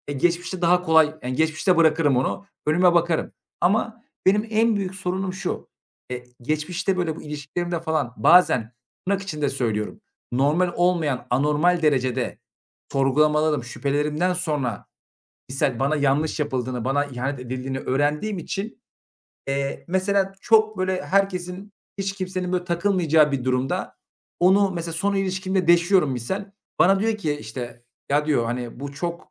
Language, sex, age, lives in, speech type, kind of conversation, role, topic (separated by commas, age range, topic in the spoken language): Turkish, male, 25-29, Bulgaria, conversation, advice, user, Partnerime karşı güvenimi kaybettim ve sadakatsizlikten şüpheleniyorum; ne hissediyorum ve bu durumu nasıl anlatabilirim?